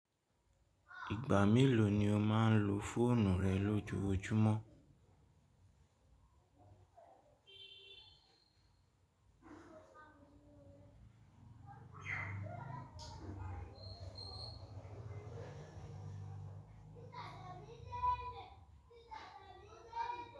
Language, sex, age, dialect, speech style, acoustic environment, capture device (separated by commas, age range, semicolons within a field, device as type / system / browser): Yoruba, male, 18-24, Nigeria - Lagos Yoruba, nervous, people talking, mobile / Linux / Chrome